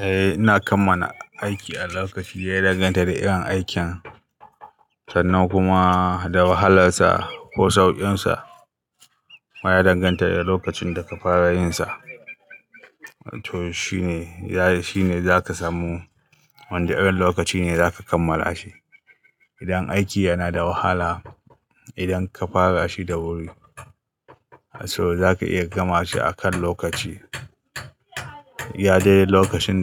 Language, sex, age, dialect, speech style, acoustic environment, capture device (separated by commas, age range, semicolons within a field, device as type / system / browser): Hausa, male, 35-44, Nigeria - Kano, happy, people talking, mobile / Linux / Chrome